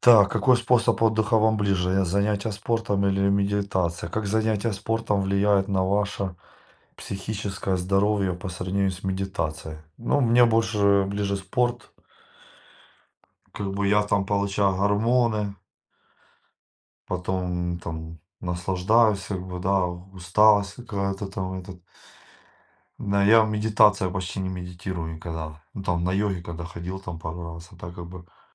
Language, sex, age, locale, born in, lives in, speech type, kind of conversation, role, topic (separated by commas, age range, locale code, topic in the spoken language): Russian, male, 40-44, ru-RU, Ukraine, Spain, conversation, unstructured, none, Какой способ отдыха вам ближе: занятия спортом или медитация?